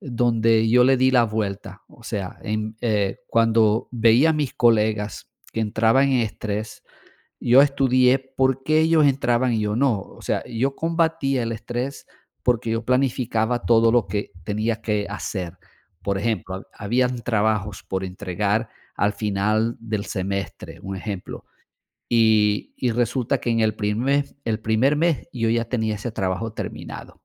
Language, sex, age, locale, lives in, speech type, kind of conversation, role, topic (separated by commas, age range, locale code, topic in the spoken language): Spanish, male, 55-59, es-VE, Portugal, conversation, unstructured, none, ¿Cómo afecta el estrés al aprendizaje de los estudiantes?